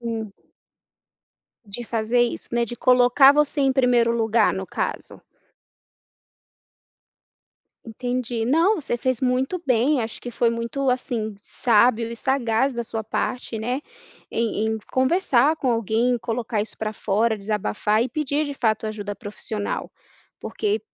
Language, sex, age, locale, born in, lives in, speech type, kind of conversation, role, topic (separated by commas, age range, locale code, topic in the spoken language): Portuguese, female, 30-34, pt-BR, Brazil, United States, conversation, podcast, host, Que sinais indicam que é hora de pedir ajuda?